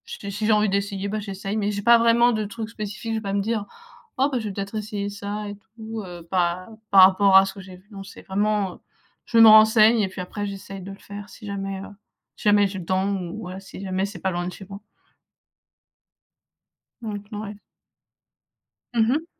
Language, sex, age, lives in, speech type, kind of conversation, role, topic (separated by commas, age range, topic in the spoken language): French, female, 20-24, France, conversation, unstructured, none, Quel loisir aimerais-tu essayer si tu avais plus de temps ?